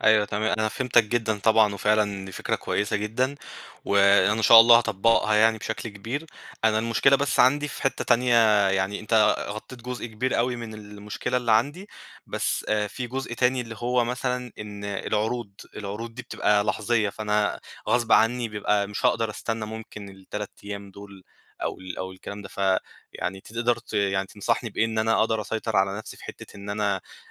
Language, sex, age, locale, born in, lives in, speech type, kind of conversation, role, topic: Arabic, male, 25-29, ar-EG, Egypt, Egypt, conversation, advice, user, إزاي أتسوّق بذكاء وأوفّر وقتي وفلوسي من غير ما أشتري حاجات مش محتاجها؟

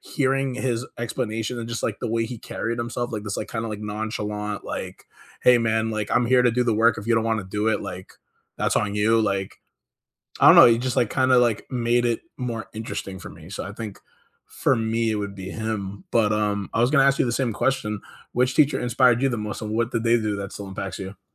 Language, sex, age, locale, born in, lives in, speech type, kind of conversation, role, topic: English, male, 25-29, en-US, United States, United States, conversation, unstructured, none, Which teacher has inspired you the most, and what did they do that still impacts you?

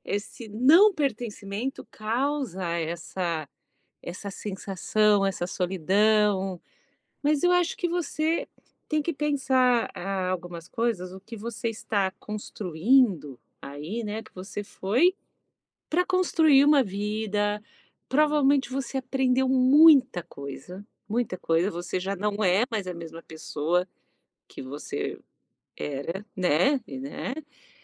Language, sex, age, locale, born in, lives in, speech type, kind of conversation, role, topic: Portuguese, female, 45-49, pt-BR, Brazil, United States, conversation, advice, advisor, Como você tem lidado com a solidão depois de se mudar para uma cidade nova sem uma rede de apoio?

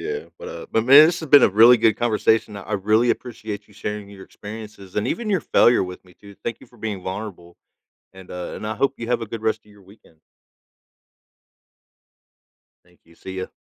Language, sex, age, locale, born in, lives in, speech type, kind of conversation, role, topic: English, male, 40-44, en-US, United States, United States, conversation, podcast, host, How can failure help us grow and find new opportunities?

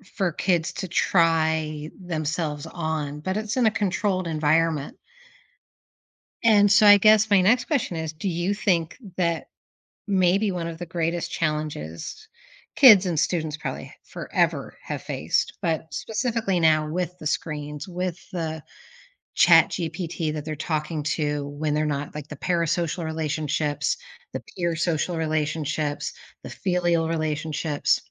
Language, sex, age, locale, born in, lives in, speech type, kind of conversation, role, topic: English, female, 45-49, en-US, United States, United States, conversation, podcast, host, How are modern pressures shaping the student experience today?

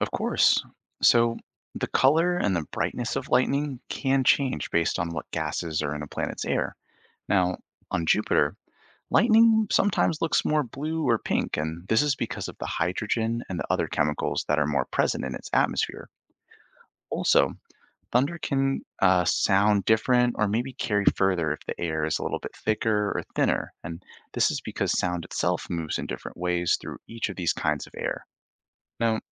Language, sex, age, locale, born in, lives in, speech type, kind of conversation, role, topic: English, male, 25-29, en-US, Canada, United States, read, expert-assistant, expert, Why is lightning seen before thunder is heard?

